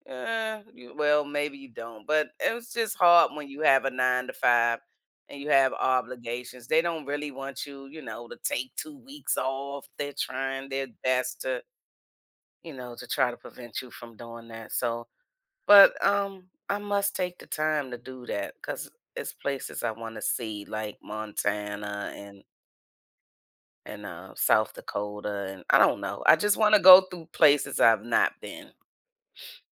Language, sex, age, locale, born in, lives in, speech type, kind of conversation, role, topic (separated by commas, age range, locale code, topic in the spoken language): English, female, 55-59, en-US, United States, United States, conversation, unstructured, none, Do early mornings or late nights suit you better?